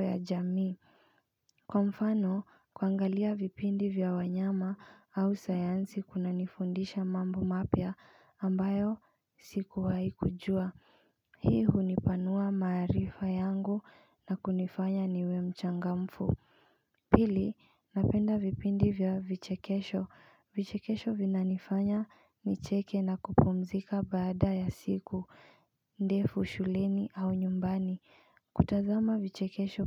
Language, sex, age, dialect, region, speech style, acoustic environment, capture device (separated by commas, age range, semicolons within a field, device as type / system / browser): Swahili, female, 25-34, Kenya - Nairobi Sheng-influenced, Kenya, focused, silence, mobile / Linux / Chrome